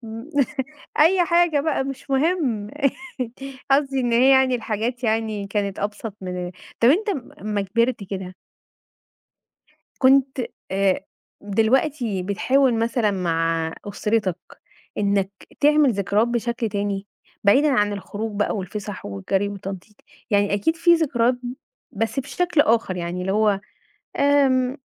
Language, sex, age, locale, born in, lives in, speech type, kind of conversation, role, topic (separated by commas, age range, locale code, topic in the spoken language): Arabic, female, 35-39, ar-EG, Egypt, Egypt, conversation, podcast, host, تحب تحكيلي عن أحلى ذكرى من طفولتك؟